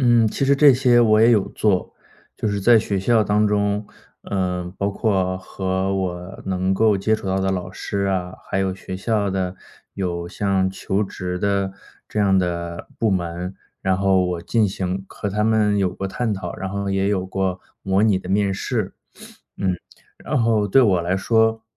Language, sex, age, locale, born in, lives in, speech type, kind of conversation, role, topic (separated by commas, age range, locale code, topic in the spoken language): Chinese, male, 30-34, zh-CN, China, United States, conversation, advice, user, 当目标太多时，我该如何确定优先顺序？